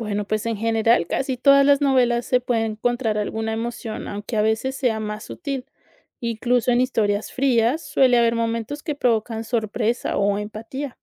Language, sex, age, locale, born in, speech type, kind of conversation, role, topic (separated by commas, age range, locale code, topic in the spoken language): Spanish, female, 30-34, es-CO, Colombia, read, expert-assistant, expert, ¿Qué trucos sirven para empezar una buena charla sobre una novela sin usar palabras difíciles?